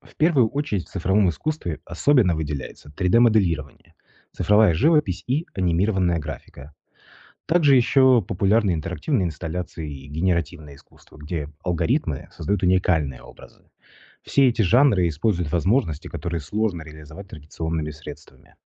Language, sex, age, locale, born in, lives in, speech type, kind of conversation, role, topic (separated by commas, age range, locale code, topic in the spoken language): Russian, male, 35-39, ru-RU, Russia, Italy, read, expert-assistant, expert, Что такое цифровое искусство и чем оно отличается от традиционных видов искусства?